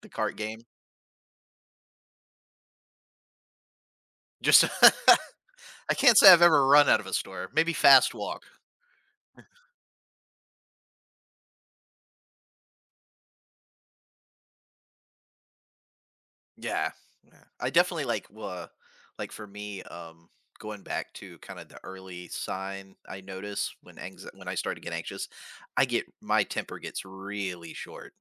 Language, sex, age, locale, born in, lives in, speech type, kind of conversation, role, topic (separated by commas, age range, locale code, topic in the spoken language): English, male, 40-44, en-US, United States, United States, conversation, podcast, host, What strategies have helped you manage anxiety in your daily life?